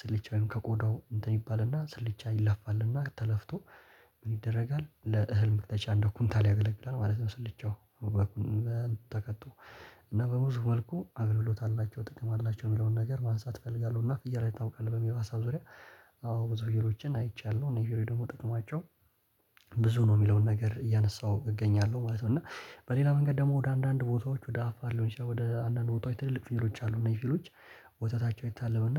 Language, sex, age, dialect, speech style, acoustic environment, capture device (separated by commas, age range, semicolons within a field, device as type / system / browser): Amharic, male, 18-24, Ethiopia - Addis Ababa, relaxed, silence, mobile / Linux / Chrome